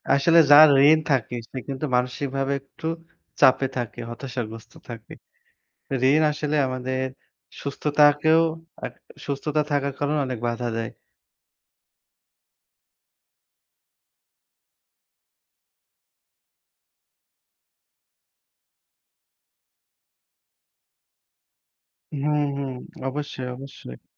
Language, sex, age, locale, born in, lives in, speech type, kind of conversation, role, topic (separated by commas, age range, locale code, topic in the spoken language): Bengali, male, 25-29, bn-BD, Bangladesh, Bangladesh, conversation, unstructured, none, ঋণ নেওয়ার আগে কী কী বিষয় বিবেচনা করা উচিত?